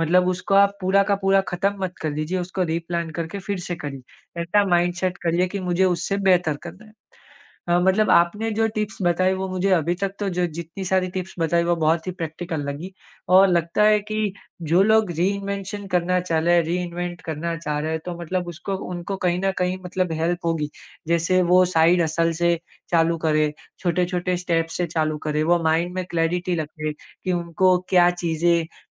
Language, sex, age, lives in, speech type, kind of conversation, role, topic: Hindi, male, 25-29, India, conversation, podcast, host, Kya advice doge first-timers jo reinvent karna chahte?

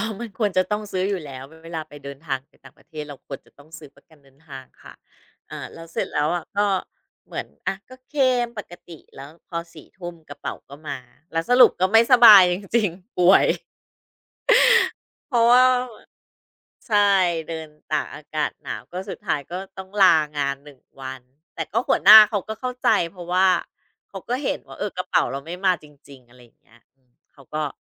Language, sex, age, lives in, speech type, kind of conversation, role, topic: Thai, female, 40-44, Thailand, conversation, podcast, guest, คุณช่วยเล่าเหตุการณ์กระเป๋าหายระหว่างเดินทางให้ฟังหน่อยได้ไหม?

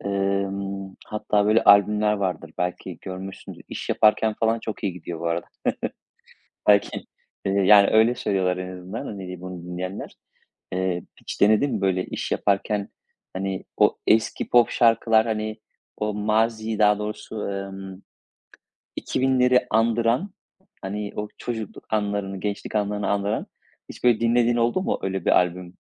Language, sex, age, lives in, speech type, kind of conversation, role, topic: Turkish, male, 35-39, Spain, conversation, podcast, host, Müzikle arandaki duygusal bağ nasıl oluştu?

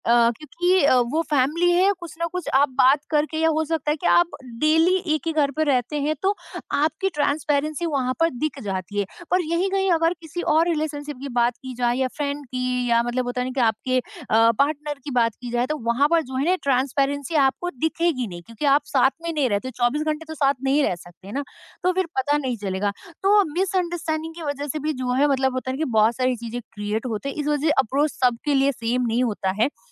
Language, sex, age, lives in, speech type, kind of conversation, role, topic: Hindi, female, 30-34, India, conversation, podcast, guest, Friendship breakup ke baad main practical tareeqon se kaise recover kar sakta/sakti hoon?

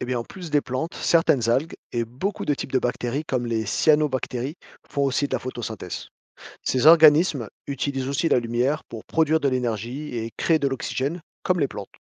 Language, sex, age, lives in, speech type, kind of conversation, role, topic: French, male, 40-44, France, read, expert-assistant, expert, Qu’est-ce que la photosynthèse et pourquoi est-elle essentielle à la vie ?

